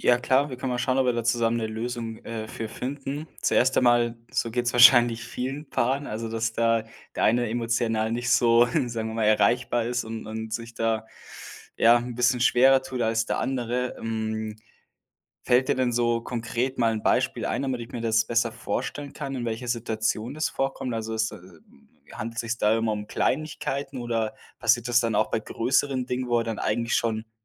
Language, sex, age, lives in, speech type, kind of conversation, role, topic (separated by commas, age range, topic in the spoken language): German, male, 25-29, Germany, conversation, advice, advisor, Wie kannst du dich ehrlich entschuldigen, um Vertrauen wiederherzustellen?